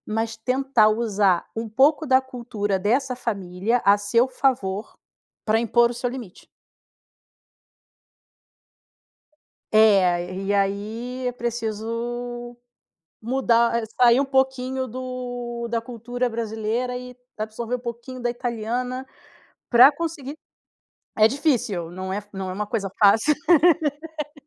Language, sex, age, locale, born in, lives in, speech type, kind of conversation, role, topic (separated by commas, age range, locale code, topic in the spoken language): Portuguese, female, 40-44, pt-BR, Brazil, Spain, conversation, advice, advisor, Como posso lidar com conflitos com meus sogros causados por diferenças de valores e limites?